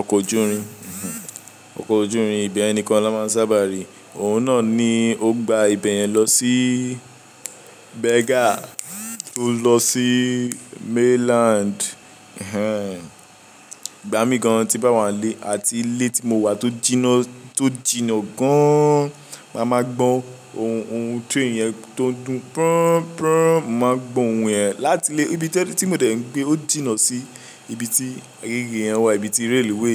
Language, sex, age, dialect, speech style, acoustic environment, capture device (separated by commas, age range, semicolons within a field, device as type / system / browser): Yoruba, male, 18-24, Nigeria - Lagos, tired, appliances; music; nature; people talking, mobile / Linux / Chrome